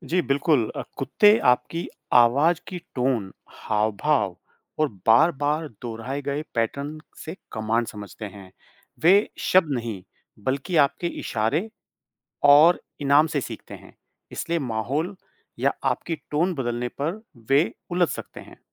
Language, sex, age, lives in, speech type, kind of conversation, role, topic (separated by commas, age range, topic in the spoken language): Hindi, male, 40-44, United States, read, expert-assistant, expert, पालतू जानवर हमारे इशारे क्यों मानते हैं? उनके सीखने की प्रक्रिया कैसी होती है?